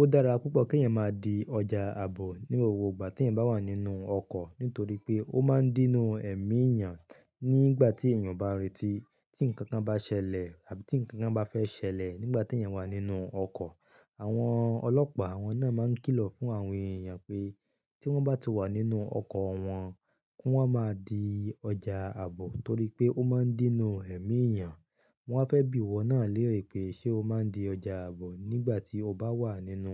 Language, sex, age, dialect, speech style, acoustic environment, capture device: Yoruba, male, 35-44, Nigeria - Ibadan, happy; relaxed; excited; focused, silence, mobile / Linux / Chrome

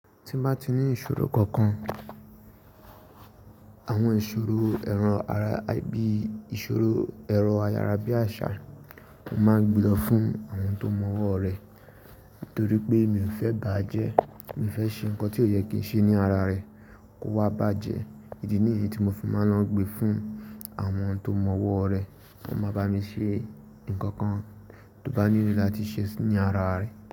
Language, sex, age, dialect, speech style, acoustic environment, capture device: Yoruba, male, 18-24, Nigeria - Ibadan, relaxed, nature; silence, mobile / Linux / Chrome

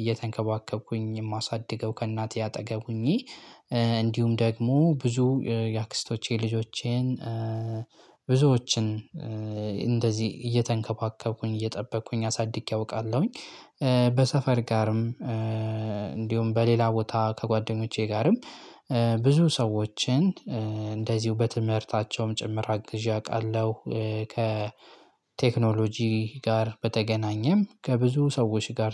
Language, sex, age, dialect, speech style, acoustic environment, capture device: Amharic, male, 18-24, Ethiopia - Gojjam, happy, silence, desktop / Linux / Chrome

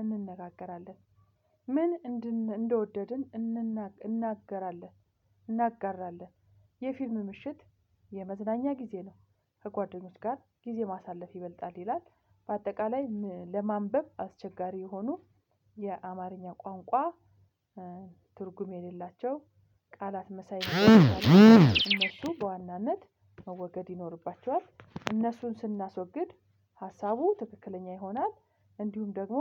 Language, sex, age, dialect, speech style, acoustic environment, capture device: Amharic, female, 45-54, Ethiopia - Addis Ababa, excited, silence, mobile / Linux / Chrome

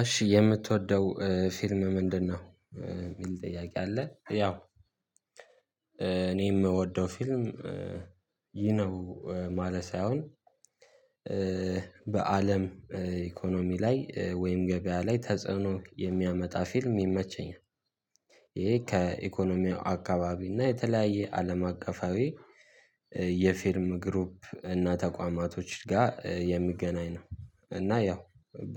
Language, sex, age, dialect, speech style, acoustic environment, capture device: Amharic, male, 18-24, Ethiopia - Addis Ababa, happy; excited, silence, mobile / Linux / Chrome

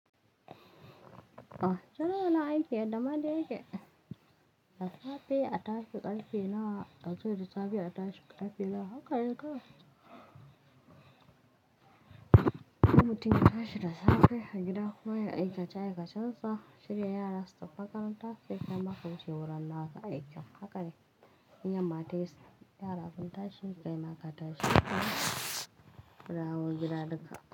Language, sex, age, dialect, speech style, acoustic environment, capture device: Hausa, female, 25-34, Nigeria - Kano, relaxed; tired, nature, mobile / Linux / Chrome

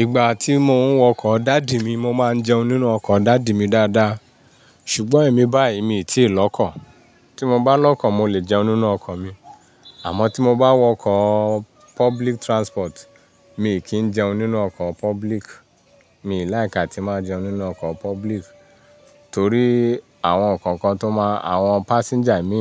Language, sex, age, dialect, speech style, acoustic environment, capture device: Yoruba, male, 35-44, Nigeria - Ibadan, happy; focused, nature; appliances, mobile / Linux / Chrome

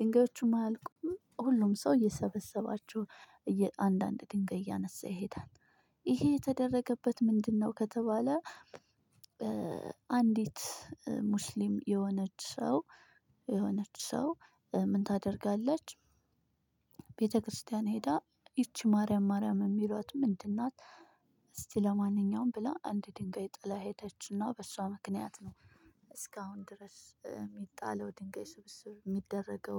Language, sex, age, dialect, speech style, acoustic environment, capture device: Amharic, female, 25-34, Ethiopia - Addis Ababa, happy, people talking, mobile / Linux / Chrome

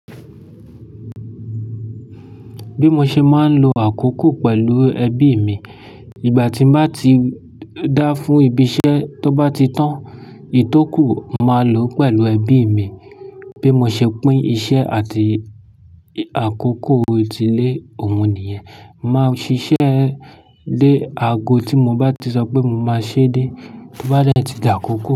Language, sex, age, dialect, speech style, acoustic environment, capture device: Yoruba, male, 25-34, Nigeria - Lagos Yoruba, excited, silence, mobile / Linux / Chrome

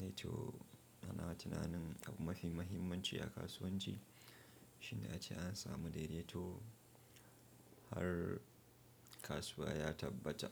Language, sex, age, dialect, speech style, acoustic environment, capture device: Hausa, male, 25-34, Nigeria - Nigerian Standard, happy; relaxed; focused, silence, mobile / Linux / Chrome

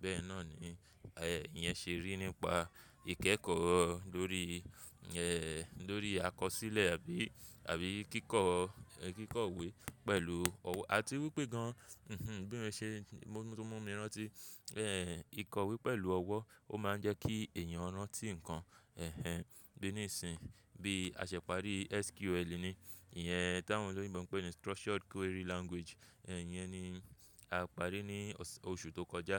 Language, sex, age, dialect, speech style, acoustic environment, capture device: Yoruba, male, 25-34, Nigeria - Lagos Yoruba, focused, traffic; people talking; construction, mobile / Linux / Chrome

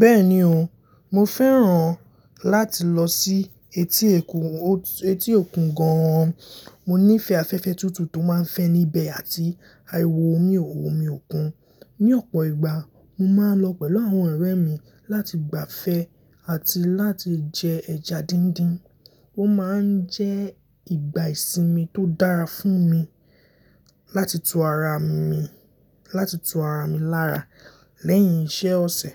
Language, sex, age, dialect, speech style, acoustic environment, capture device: Yoruba, male, 18-24, Nigeria - Lagos Yoruba, happy; excited; focused, silence; appliances, mobile / Linux / Chrome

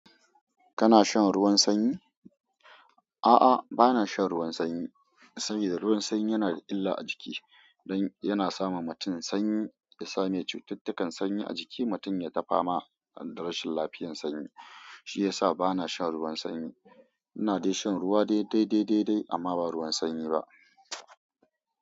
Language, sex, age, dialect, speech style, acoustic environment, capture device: Hausa, female, 25-34, Nigeria - Kano, excited; focused, silence, mobile / Android / Chrome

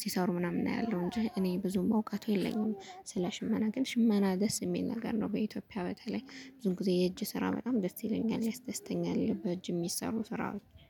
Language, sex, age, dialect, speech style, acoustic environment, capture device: Amharic, female, 25-34, Ethiopia - Gondar, happy, music, mobile / Linux / Chrome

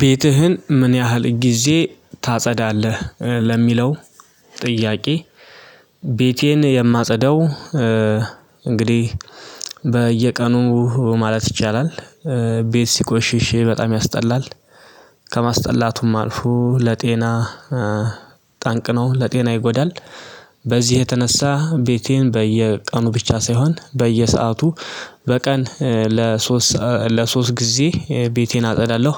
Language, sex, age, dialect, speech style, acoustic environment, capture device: Amharic, male, 25-34, Ethiopia - Addis Ababa, happy; excited, silence; nature, mobile / Linux / Chrome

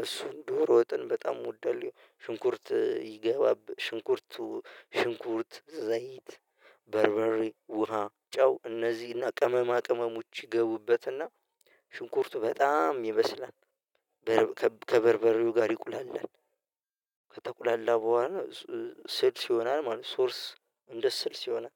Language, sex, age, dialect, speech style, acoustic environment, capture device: Amharic, male, 25-34, Ethiopia - Addis Ababa, relaxed, silence; nature, mobile / Linux / Chrome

